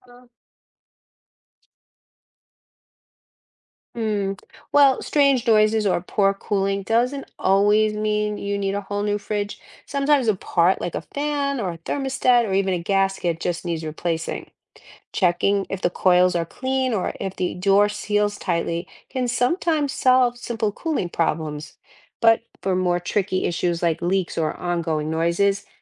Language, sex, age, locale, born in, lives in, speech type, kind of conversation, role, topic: English, female, 60-64, en-US, United States, United States, read, expert-assistant, expert, How can you troubleshoot and maintain a refrigerator to keep it running well?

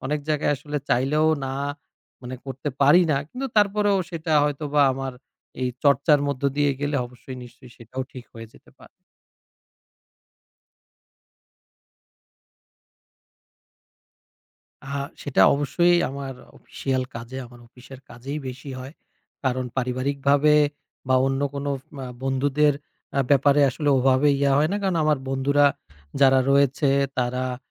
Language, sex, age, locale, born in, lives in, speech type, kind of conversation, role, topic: Bengali, male, 30-34, bn-BD, Bangladesh, Bangladesh, conversation, advice, user, সীমা নির্ধারণ না করে সবকিছুকে ‘হ্যাঁ’ বলতে গিয়ে আপনি কীভাবে নিজেকে ক্ষতিগ্রস্ত করেছেন?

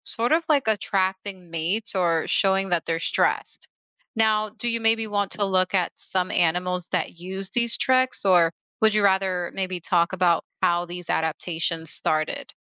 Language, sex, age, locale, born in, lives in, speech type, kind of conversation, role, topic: English, female, 35-39, en-US, United States, United States, read, expert-assistant, expert, Why do some frogs change their skin color?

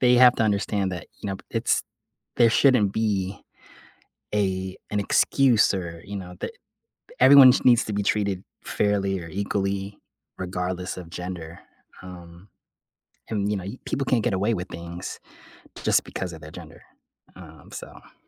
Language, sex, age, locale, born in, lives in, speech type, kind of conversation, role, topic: English, male, 30-34, en-US, Philippines, United States, conversation, unstructured, none, How do you recognize and deal with unhealthy relationships in your life?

